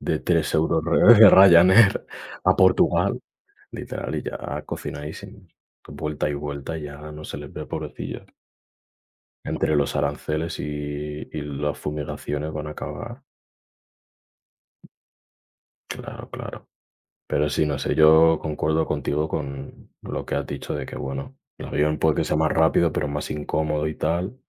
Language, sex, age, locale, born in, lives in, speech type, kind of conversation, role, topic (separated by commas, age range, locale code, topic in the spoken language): Spanish, male, 20-24, es-ES, Spain, Spain, conversation, unstructured, none, ¿Qué opinas sobre viajar en avión en comparación con viajar en tren?